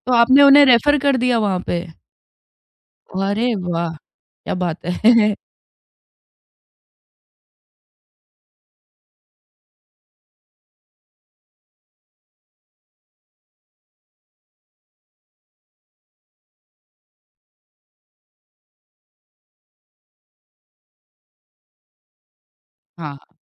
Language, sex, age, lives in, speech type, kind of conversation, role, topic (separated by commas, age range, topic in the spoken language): Hindi, female, 30-34, India, conversation, podcast, host, Career shuru karte waqt mentor kaise choose karte ho?